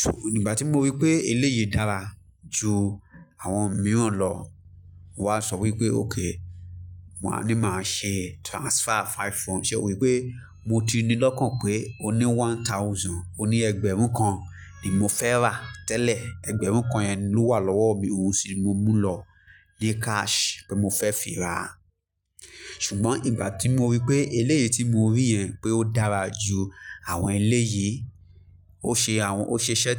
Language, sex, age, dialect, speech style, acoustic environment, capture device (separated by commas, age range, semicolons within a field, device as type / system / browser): Yoruba, male, 25-34, Nigeria - Lagos Yoruba, focused, music; people talking, mobile / Linux / Chrome